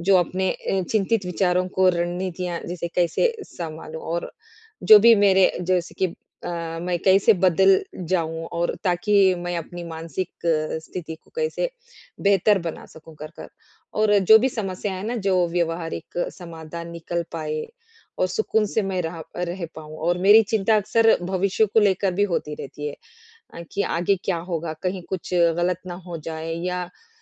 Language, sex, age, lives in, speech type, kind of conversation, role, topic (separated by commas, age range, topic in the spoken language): Hindi, female, 40-44, India, conversation, advice, user, मैं अपने चिंतित विचारों को समाधान की रणनीतियों में कैसे बदलूँ?